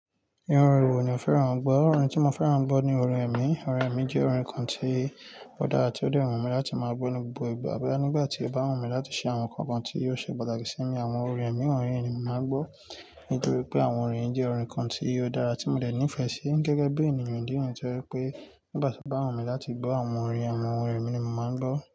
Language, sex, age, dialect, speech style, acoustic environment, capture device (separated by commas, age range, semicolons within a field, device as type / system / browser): Yoruba, male, 25-34, Nigeria - Nigerian Standard, excited, nature, mobile / Linux / Chrome